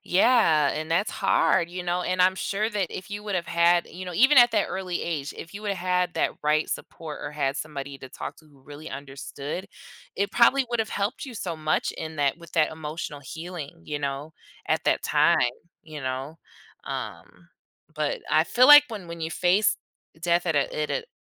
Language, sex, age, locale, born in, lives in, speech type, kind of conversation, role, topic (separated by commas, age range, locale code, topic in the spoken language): English, female, 25-29, en-US, United States, United States, conversation, podcast, guest, Why is it important to have open conversations about death and grief?